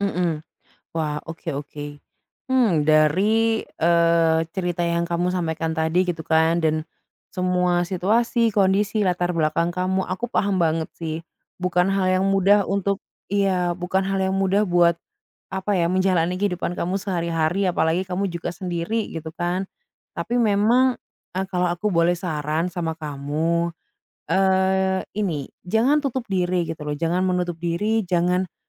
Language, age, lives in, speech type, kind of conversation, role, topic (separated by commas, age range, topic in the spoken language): Indonesian, 25-29, Indonesia, conversation, advice, advisor, Mengapa ide saya terasa monoton dan bagaimana saya bisa menemukan inspirasi baru?